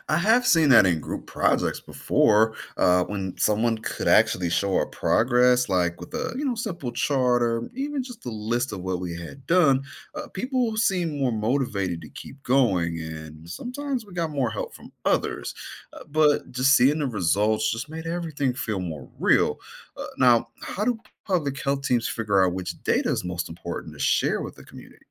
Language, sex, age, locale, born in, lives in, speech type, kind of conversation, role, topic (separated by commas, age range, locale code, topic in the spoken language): English, male, 35-39, en-US, United States, United States, read, expert-assistant, asker, How can non-health professionals start a career in public health?